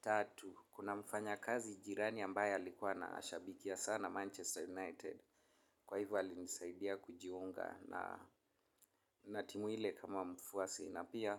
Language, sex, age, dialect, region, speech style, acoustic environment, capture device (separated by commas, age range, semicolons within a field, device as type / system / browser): Swahili, male, 25-34, Kenya - Nairobi Sheng-influenced, Kenya, happy, nature, mobile / Linux / Chrome